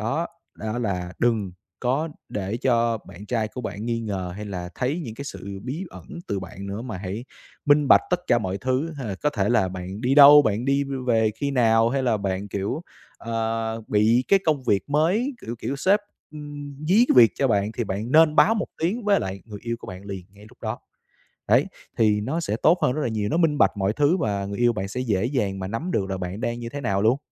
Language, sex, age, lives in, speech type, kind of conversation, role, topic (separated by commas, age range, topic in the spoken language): Vietnamese, male, 25-29, Vietnam, conversation, advice, advisor, Làm sao để phục hồi lòng tin sau khi bạn đã mắc lỗi?